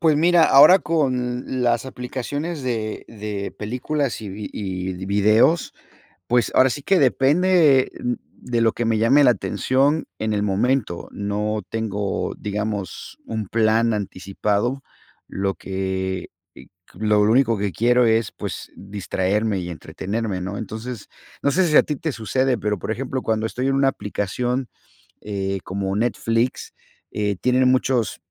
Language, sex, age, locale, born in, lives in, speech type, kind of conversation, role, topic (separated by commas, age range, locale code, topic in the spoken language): Spanish, male, 50-54, es-MX, United States, United States, conversation, unstructured, none, ¿Prefieres leer libros, ver series o ver películas para entretenerte?